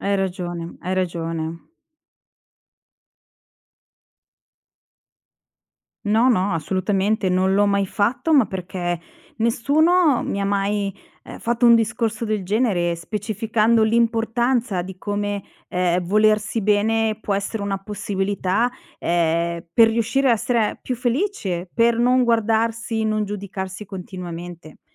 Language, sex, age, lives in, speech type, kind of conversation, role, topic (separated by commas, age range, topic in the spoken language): Italian, female, 45-49, Italy, conversation, advice, user, Come posso affrontare la sensazione di essere poco attraente o insicuro riguardo al mio corpo?